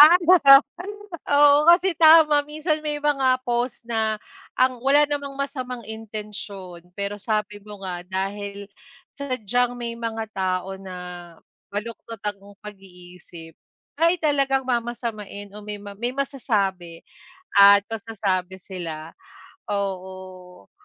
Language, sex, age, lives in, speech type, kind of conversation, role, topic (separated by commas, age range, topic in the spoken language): Filipino, female, 35-39, Philippines, conversation, unstructured, none, Paano nakaapekto ang midyang panlipunan sa iyong damdamin?